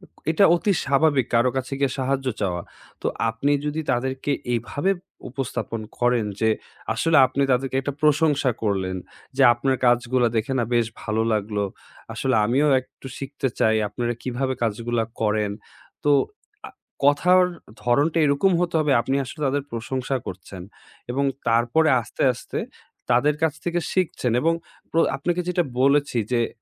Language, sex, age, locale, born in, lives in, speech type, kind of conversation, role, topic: Bengali, male, 20-24, bn-BD, Bangladesh, Bangladesh, conversation, advice, advisor, আপনি আপনার ব্যক্তিগত সাফল্য কীভাবে মাপবেন এবং এর জন্য কোন মাপকাঠি নির্ধারণ করবেন?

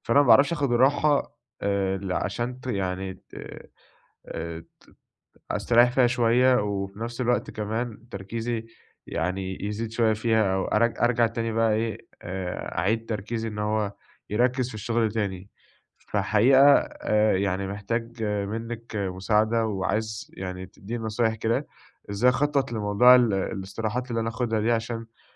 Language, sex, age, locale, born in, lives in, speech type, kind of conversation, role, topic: Arabic, male, 20-24, ar-EG, Egypt, Egypt, conversation, advice, user, إزاي أظبّط لنفسي استراحات منتظمة عشان أتجنب الإرهاق وفقدان التركيز؟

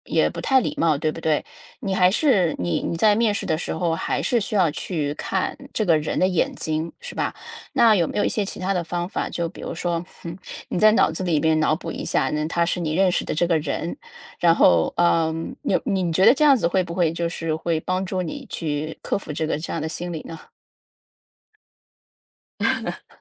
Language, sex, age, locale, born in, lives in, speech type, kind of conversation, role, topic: Chinese, female, 35-39, zh-CN, China, United States, conversation, advice, advisor, 我现在很紧张，怎样才能迅速缓解急性压力？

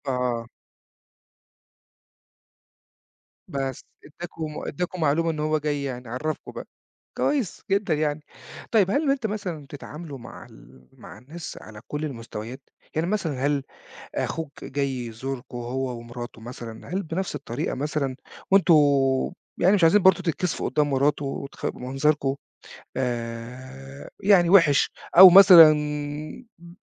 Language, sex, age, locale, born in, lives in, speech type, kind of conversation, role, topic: Arabic, male, 40-44, ar-EG, Egypt, Portugal, conversation, podcast, host, إزاي بتتعامل مع ضيوف بييجوا فجأة في البيت؟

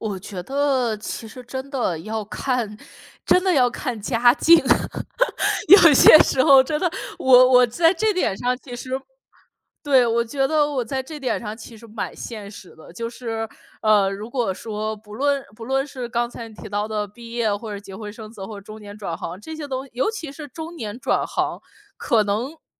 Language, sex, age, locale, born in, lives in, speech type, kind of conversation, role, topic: Chinese, female, 30-34, zh-CN, China, United States, conversation, podcast, guest, 你会怎么评价“按兴趣工作”的现实性？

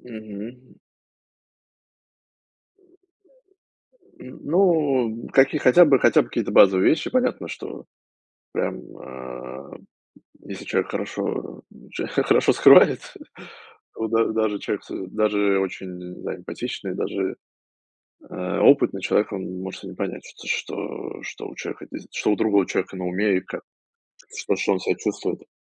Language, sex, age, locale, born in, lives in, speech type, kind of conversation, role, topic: Russian, male, 35-39, ru-RU, Russia, United States, conversation, unstructured, none, Что для вас значит быть эмоционально сильным?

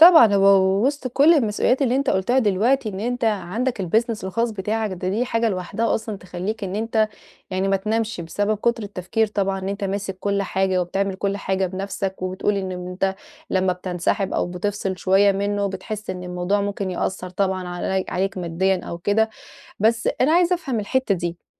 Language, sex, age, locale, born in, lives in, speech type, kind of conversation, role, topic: Arabic, female, 30-34, ar-EG, Egypt, Portugal, conversation, advice, advisor, إزاي أسافر وأستمتع مع ضيق الوقت والتزامات الشغل؟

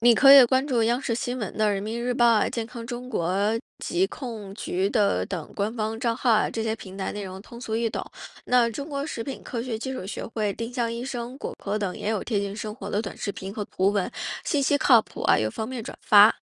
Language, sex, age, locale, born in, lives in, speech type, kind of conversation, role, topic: Chinese, female, 35-39, zh-CN, China, United States, read, expert-assistant, expert, 平时你会怎么看待网络上关于食品安全的各种说法？你会怎么判断哪些值得相信？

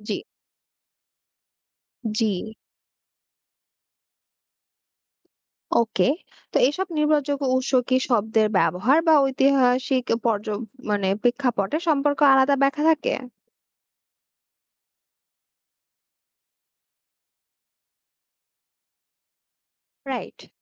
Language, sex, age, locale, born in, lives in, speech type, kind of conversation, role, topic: Bengali, female, 20-24, bn-BD, Bangladesh, Bangladesh, read, expert-assistant, asker, নিজের এলাকার পুরনো ভাষা বা কথ্যরীতির ইতিহাস জানার জন্য কোথায় খোঁজ নেওয়া যায়?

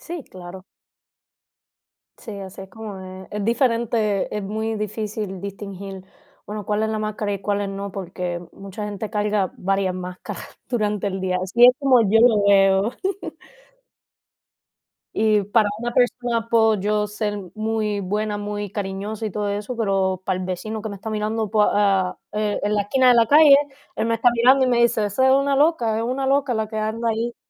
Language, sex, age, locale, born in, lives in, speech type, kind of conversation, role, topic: Spanish, female, 20-24, es-CU, Puerto Rico, United States, conversation, unstructured, none, ¿Cómo te afecta que te juzguen sin conocerte bien?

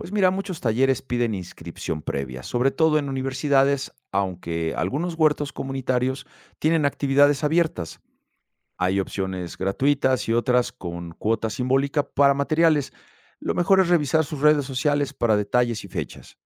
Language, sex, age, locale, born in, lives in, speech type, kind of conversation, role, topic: Spanish, male, 50-54, es-MX, Mexico, Mexico, read, expert-assistant, expert, ¿Existen ferias, eventos o lugares donde pueda visitar proyectos de agricultura sostenible y verlos en acción?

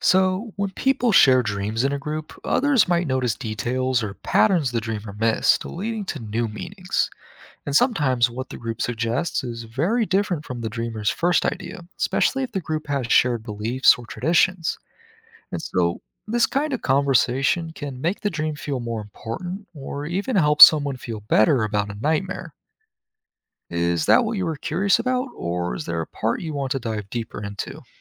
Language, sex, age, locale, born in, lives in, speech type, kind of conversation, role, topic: English, male, 20-24, en-US, United States, United States, read, expert-assistant, expert, How do different cultures interpret and use dreams?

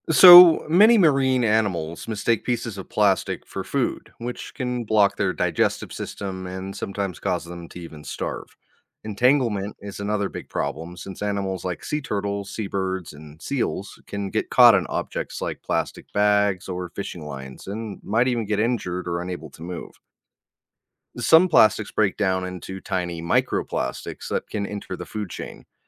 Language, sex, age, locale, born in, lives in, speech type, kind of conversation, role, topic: English, male, 30-34, en-US, United States, United States, read, expert-assistant, expert, What impact does ocean plastic have on marine life?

